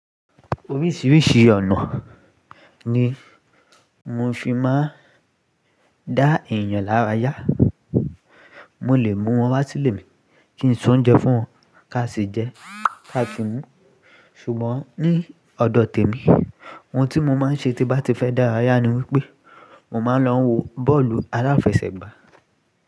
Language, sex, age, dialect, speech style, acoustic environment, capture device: Yoruba, male, 18-24, Nigeria - Lagos Yoruba, focused, people talking; music, mobile / Linux / Chrome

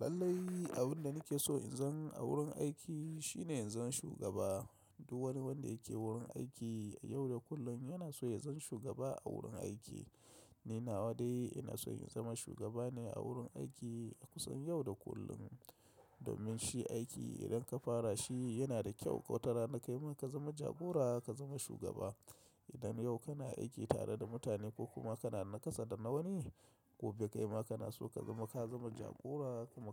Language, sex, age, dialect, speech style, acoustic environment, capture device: Hausa, male, 35-44, Nigeria - Kano, relaxed; excited, silence, mobile / macOS / Safari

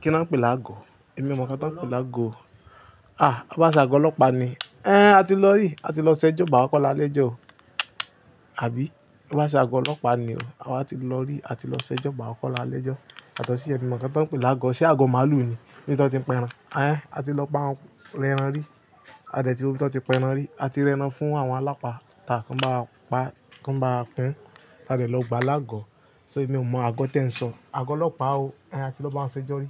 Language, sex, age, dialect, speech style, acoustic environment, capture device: Yoruba, male, 25-34, Nigeria - Lagos Yoruba, happy, nature; people talking; appliances, mobile / Linux / Chrome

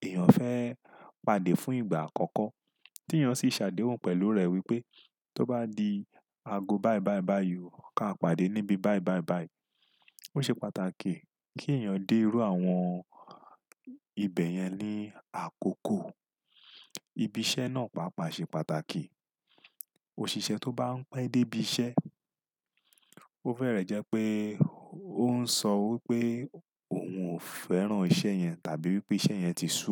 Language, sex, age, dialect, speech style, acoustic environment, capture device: Yoruba, male, 35-44, Nigeria - Lagos Yoruba, relaxed; focused, silence, desktop / Windows / Chrome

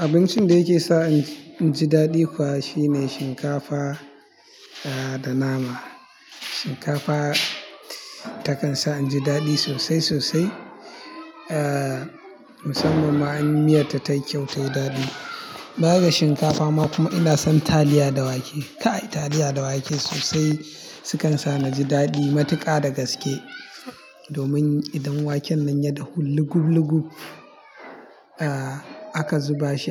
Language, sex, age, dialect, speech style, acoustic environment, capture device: Hausa, male, 25-34, Nigeria - Kano, happy; relaxed; focused; excited, people talking, mobile / Linux / Chrome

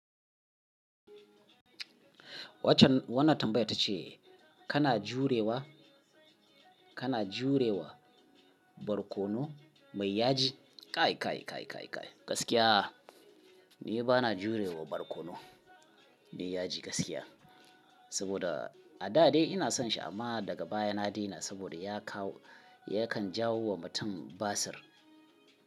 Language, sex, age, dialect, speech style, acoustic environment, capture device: Hausa, male, 35-44, Nigeria - Kano, happy, people talking, mobile / Linux / Chrome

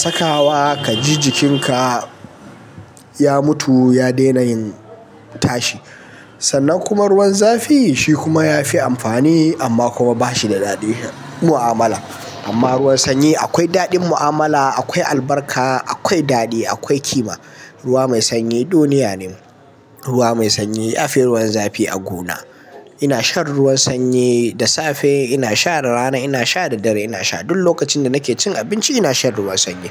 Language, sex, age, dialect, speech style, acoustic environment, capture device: Hausa, male, 18-24, Nigeria - Kano, happy; anxious; tired; relaxed; nervous; excited; focused; stressed, silence; people talking; nature; music; traffic; construction; appliances, mobile / Linux / Chrome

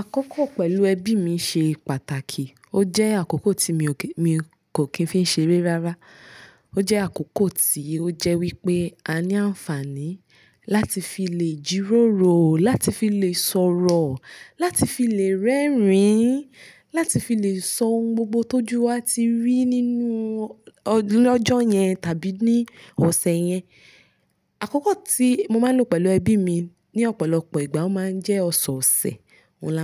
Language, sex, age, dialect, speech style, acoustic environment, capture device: Yoruba, female, 25-34, Nigeria - Nigerian Standard, relaxed, silence, mobile / Linux / Chrome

